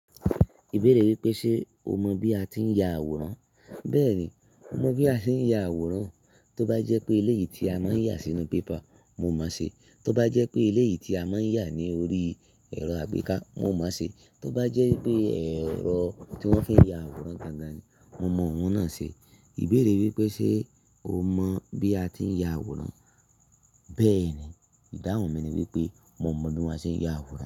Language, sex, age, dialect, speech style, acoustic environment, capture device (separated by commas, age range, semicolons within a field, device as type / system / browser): Yoruba, male, 25-34, Nigeria - Ibadan, focused; relaxed, silence; nature, mobile / Linux / Chrome